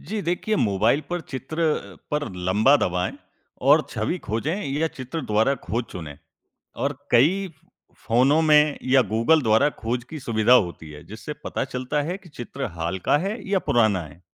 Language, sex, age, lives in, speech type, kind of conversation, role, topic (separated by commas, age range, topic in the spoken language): Hindi, male, 20-24, India, read, expert-assistant, expert, मीडिया में रोज़मर्रा की खबरों को बेहतर ढंग से समझने और विश्लेषण करने के लिए कौन-सी आदतें फायदेमंद हो सकती हैं?